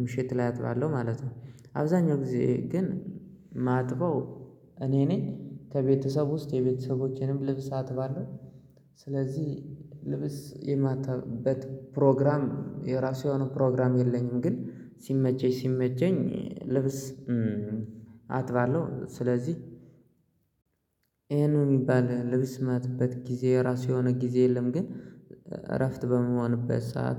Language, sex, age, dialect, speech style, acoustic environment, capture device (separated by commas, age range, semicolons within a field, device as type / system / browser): Amharic, male, 25-34, Ethiopia - Gojjam, excited, silence, mobile / Linux / Chrome